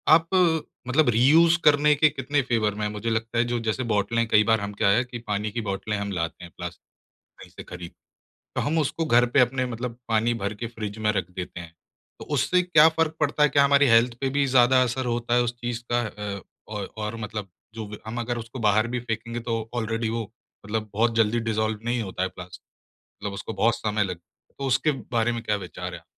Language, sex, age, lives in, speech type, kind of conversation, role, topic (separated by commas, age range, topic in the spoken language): Hindi, male, 25-29, India, conversation, podcast, host, Zero-waste aadatein shuru karne ke sabse simple aur practical tareeke kya hain?